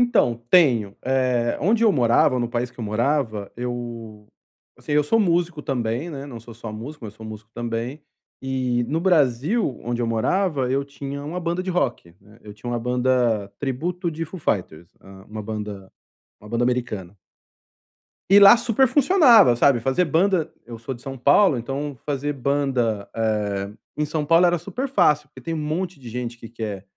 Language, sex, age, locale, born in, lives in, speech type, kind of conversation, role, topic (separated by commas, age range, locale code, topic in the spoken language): Portuguese, male, 45-49, pt-BR, Brazil, Spain, conversation, advice, user, Como posso começar um novo hobby quando estou sem motivação?